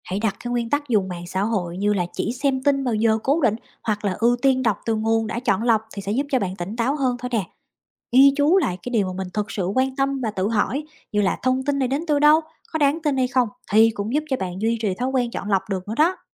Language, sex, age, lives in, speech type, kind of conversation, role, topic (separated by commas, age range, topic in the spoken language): Vietnamese, female, 30-34, United States, read, expert-assistant, expert, Điều gì khiến người ta thay đổi quan điểm chính trị của mình?